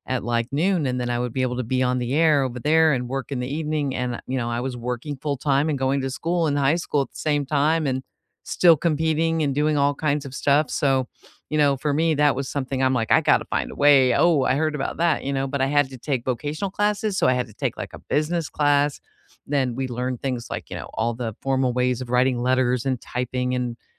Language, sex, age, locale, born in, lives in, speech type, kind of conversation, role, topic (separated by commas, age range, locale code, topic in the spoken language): English, female, 55-59, en-US, United States, United States, conversation, unstructured, none, What was your favorite subject in school then, what is it now, and why?